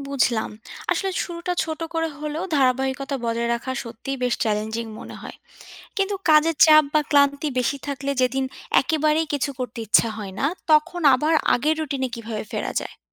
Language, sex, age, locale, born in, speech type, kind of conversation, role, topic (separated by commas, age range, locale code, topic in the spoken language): Bengali, female, 25-29, bn-BD, Bangladesh, read, expert-assistant, asker, ব্যস্ত জীবনে নিয়মিত শরীরচর্চা চালিয়ে যাওয়ার সবচেয়ে সহজ উপায় কী?